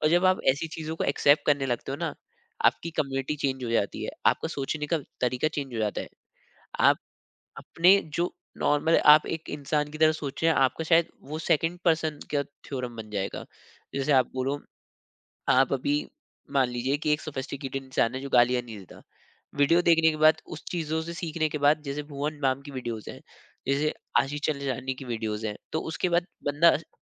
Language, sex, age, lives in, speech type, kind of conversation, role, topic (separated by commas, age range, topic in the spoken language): Hindi, male, 25-29, India, conversation, podcast, guest, Social media ne tumhari cultural identity par kya asar dala?